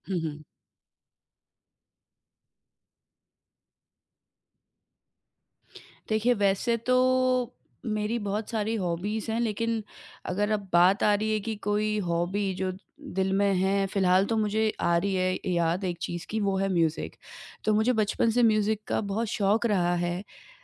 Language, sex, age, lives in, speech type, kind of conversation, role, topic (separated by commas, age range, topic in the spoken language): Hindi, female, 30-34, India, conversation, podcast, guest, Aap kaunsa purana shauq phir se shuru karna chahoge, aur kyun?